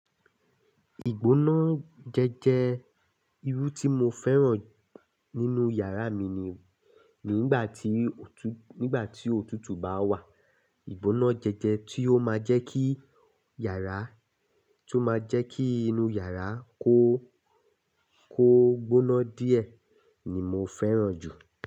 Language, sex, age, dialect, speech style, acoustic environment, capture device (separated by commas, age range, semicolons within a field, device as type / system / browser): Yoruba, male, 25-34, Nigeria - Lagos Yoruba, happy, silence, desktop / Linux / Chrome